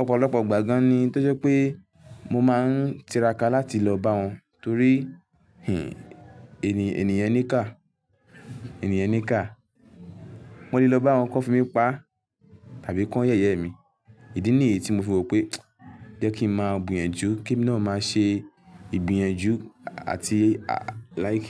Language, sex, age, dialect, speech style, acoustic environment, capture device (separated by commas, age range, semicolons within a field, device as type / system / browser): Yoruba, male, 18-24, Nigeria - Lagos Yoruba, happy, silence, mobile / Linux / Chrome